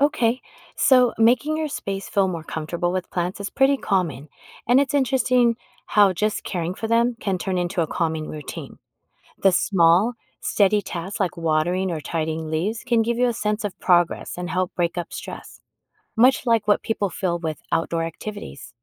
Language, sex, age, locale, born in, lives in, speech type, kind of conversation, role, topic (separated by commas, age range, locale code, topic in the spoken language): English, female, 50-54, en-US, United States, United States, read, expert-assistant, expert, How does being in nature affect mood?